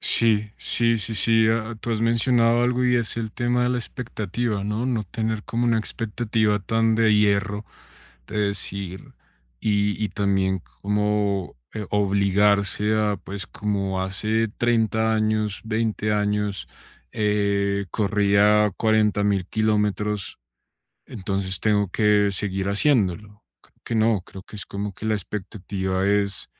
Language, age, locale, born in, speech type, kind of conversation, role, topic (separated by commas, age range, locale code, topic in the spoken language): Spanish, 30-34, es-CO, Colombia, conversation, advice, user, ¿Cómo puedo manejar mis emociones al envejecer y adaptarme a los cambios de rol?